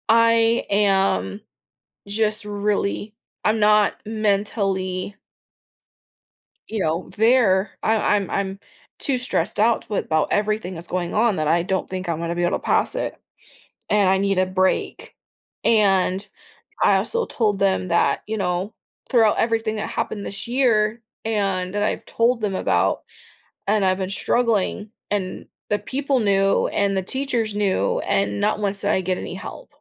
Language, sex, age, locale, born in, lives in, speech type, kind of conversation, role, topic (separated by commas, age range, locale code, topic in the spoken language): English, female, 25-29, en-US, United States, United States, conversation, advice, user, How can I set clear boundaries so work doesn't take over my family and personal time?